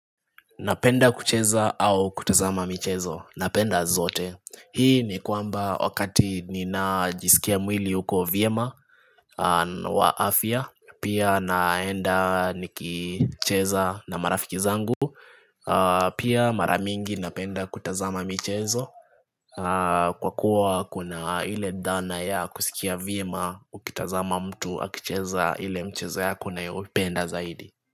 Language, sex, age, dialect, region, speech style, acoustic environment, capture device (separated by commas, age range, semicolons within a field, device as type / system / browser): Swahili, male, 25-34, Kenya - Nairobi Sheng-influenced, Kenya, relaxed; focused, nature, desktop / Linux / Chrome